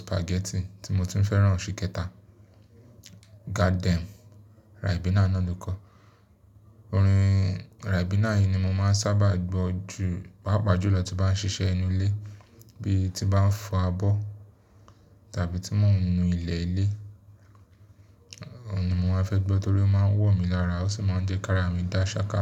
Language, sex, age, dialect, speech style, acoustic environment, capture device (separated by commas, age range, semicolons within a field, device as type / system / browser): Yoruba, male, 25-34, Nigeria - Lagos Yoruba, relaxed; excited, appliances, mobile / Linux / Chrome